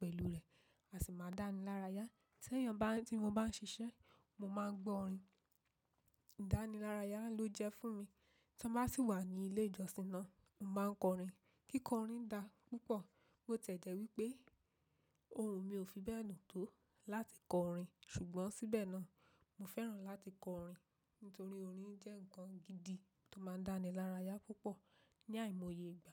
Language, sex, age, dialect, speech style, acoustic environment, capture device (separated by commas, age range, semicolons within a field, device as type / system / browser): Yoruba, female, 25-34, Nigeria - Ibadan, focused, nature, mobile / macOS / Safari